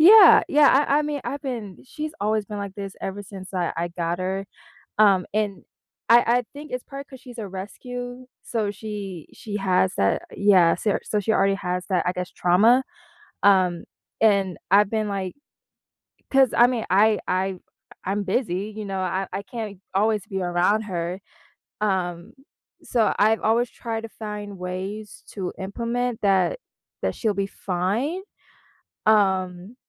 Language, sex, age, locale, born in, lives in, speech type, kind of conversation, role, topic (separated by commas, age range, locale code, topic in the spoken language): English, female, 20-24, en-US, United States, United States, conversation, advice, user, How can I share good news with my family in a meaningful and considerate way?